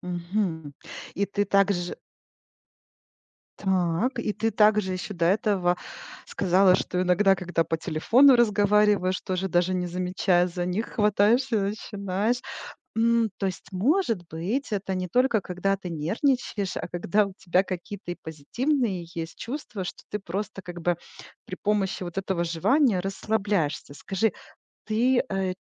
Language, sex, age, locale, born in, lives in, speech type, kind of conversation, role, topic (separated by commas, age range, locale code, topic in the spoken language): Russian, female, 40-44, ru-RU, Ukraine, United States, conversation, advice, advisor, Как я могу заменить свои привычные автоматические реакции на более полезные?